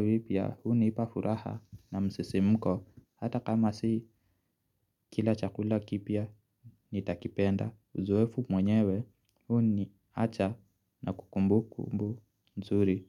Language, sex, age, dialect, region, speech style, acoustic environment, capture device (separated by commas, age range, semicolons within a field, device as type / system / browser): Swahili, male, 25-34, Kenya - Nairobi Sheng-influenced, Kenya, relaxed, silence, mobile / Linux / Chrome